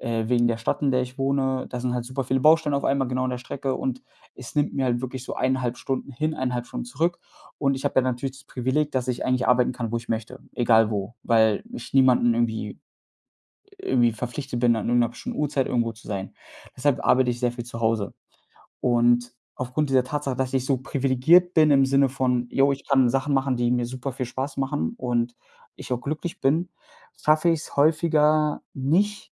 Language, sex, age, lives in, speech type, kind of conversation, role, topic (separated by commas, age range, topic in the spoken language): German, male, 25-29, Germany, conversation, advice, user, Wie kann ich zu Hause besser entspannen und zur Ruhe kommen?